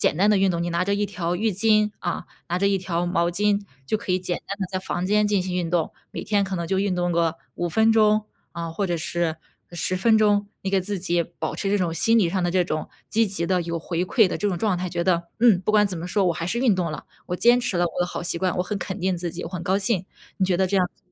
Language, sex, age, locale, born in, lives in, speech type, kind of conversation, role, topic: Chinese, female, 25-29, zh-CN, China, United States, conversation, advice, advisor, 出差或假期总把你的习惯打乱时，你通常会遇到哪些情况？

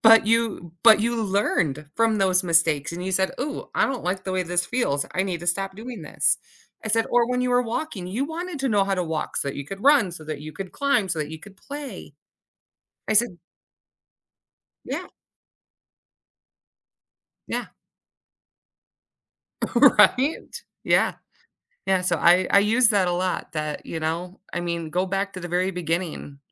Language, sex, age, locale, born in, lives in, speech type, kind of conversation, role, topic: English, female, 35-39, en-US, United States, United States, conversation, podcast, host, How have your mistakes helped you grow or change your perspective?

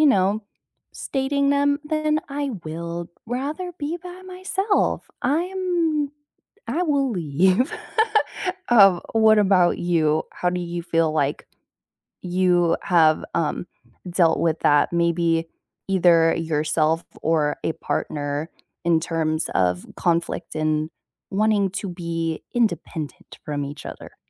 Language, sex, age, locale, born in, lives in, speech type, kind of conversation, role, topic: English, female, 20-24, en-US, United States, United States, conversation, unstructured, none, How do you balance independence and togetherness in relationships?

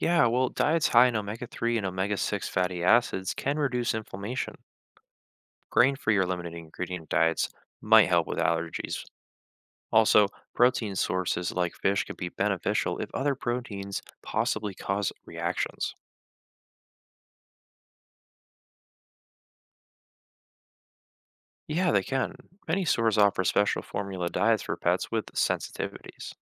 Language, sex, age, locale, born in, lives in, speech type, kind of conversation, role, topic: English, male, 20-24, en-US, United States, United States, read, expert-assistant, expert, What should I do if my pet is scratching excessively?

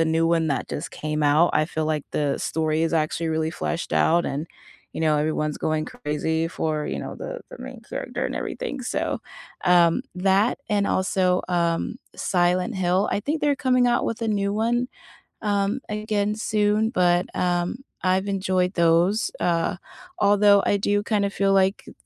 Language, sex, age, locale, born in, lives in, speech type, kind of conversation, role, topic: English, female, 30-34, en-US, United States, United States, conversation, unstructured, none, What simple rituals or weekend routines help you genuinely recharge after a long, draining week, and why do they work for you?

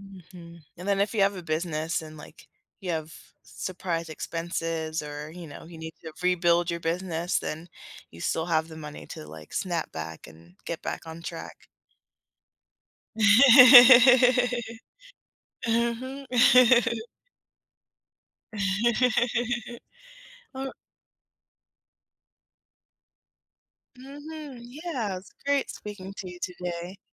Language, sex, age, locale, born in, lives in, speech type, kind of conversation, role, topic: English, female, 30-34, en-US, United States, United States, conversation, podcast, host, How have your professional setbacks shaped your growth and perspective?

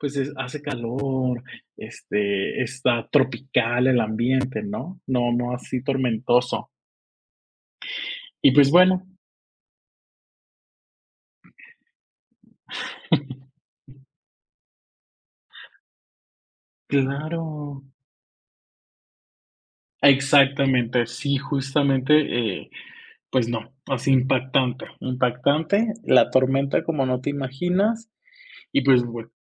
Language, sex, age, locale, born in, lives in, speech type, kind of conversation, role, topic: Spanish, male, 25-29, es-MX, Mexico, Mexico, conversation, podcast, guest, ¿Qué recuerdo de viaje te hace reír cada vez?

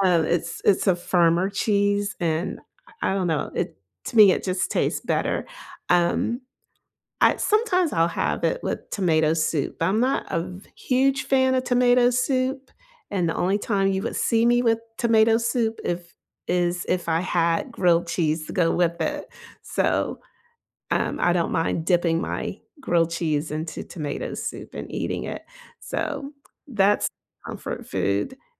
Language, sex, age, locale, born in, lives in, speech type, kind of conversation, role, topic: English, female, 55-59, en-US, United States, United States, conversation, unstructured, none, What recipes or comfort foods make you feel most nourished and cozy?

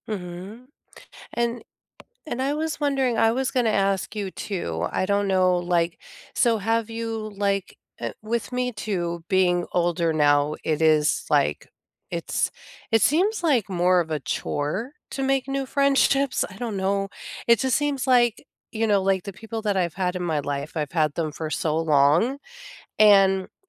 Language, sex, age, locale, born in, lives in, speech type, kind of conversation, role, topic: English, female, 45-49, en-US, United States, United States, conversation, unstructured, none, How do you maintain friendships and stay close across time and distance?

